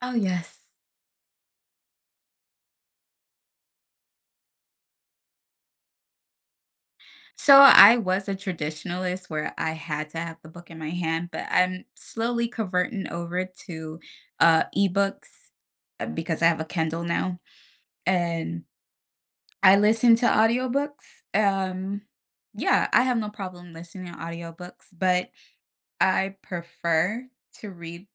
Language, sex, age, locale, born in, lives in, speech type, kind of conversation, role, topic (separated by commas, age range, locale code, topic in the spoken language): English, female, 30-34, en-US, United States, United States, conversation, podcast, guest, What are some practical habits that can help manage stress in everyday life?